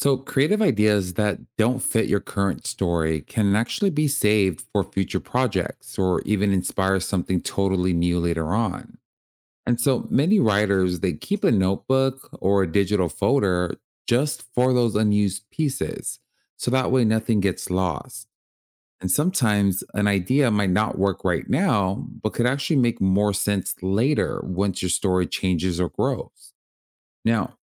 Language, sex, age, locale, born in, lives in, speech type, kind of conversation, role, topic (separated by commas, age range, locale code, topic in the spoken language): English, male, 30-34, en-US, United States, United States, read, expert-assistant, expert, How does writing stories help you use your imagination?